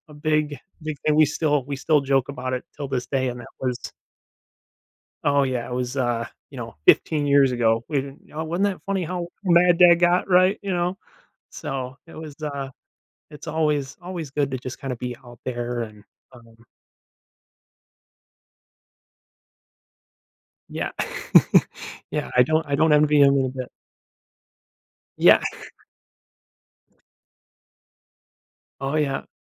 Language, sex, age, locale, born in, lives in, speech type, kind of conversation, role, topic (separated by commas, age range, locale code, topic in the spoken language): English, male, 25-29, en-US, United States, United States, conversation, podcast, guest, How does spending time outdoors affect our health and happiness?